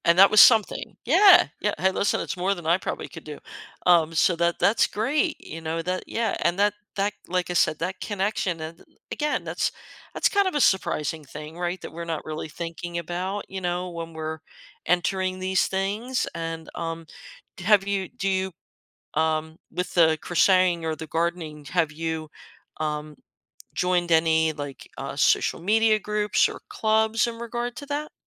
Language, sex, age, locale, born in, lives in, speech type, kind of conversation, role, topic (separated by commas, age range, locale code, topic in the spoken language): English, female, 55-59, en-US, United States, United States, conversation, podcast, host, How can pursuing a hobby lead to unexpected personal growth or new perspectives?